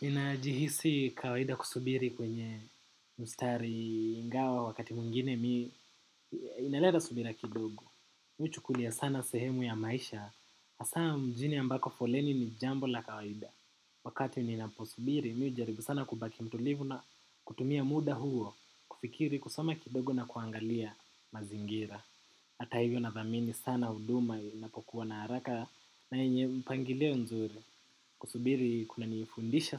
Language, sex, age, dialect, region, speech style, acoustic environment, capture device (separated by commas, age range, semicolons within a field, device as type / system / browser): Swahili, male, 18-24, Kenya - Nairobi Sheng-influenced, Kenya, focused; relaxed, silence, desktop / macOS / Chrome